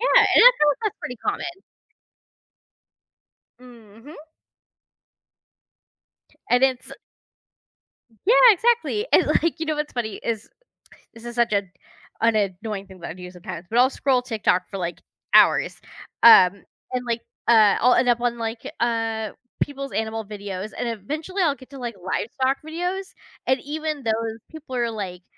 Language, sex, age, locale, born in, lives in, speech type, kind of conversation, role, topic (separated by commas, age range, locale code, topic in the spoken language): English, female, 30-34, en-US, United States, United States, conversation, unstructured, none, How do pets help people cope with stress or sadness?